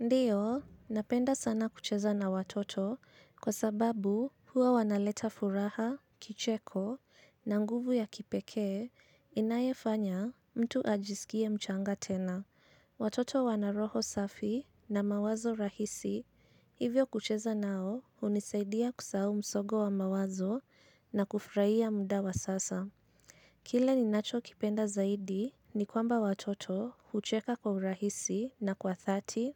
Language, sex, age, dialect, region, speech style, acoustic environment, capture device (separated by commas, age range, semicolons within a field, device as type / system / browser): Swahili, female, 25-34, Kenya - Nairobi Sheng-influenced, Kenya, anxious, silence; nature, mobile / Linux / Chrome